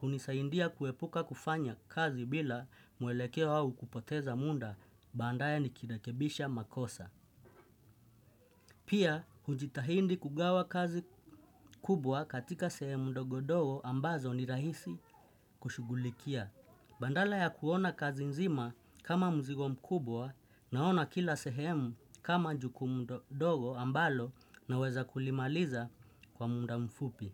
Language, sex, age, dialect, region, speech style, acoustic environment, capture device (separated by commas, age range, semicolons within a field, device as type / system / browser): Swahili, male, 25-34, Kenya - Nairobi Sheng-influenced, Kenya, relaxed; focused; happy, nature, desktop / Windows / Chrome